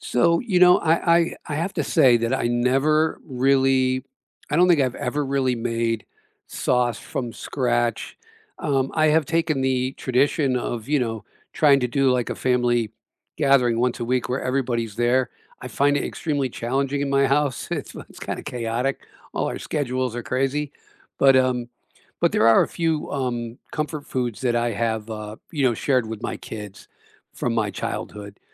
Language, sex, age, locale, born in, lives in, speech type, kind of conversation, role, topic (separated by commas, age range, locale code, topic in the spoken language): English, male, 65-69, en-US, United States, United States, conversation, unstructured, none, What is your go-to comfort food, and what is the story behind it?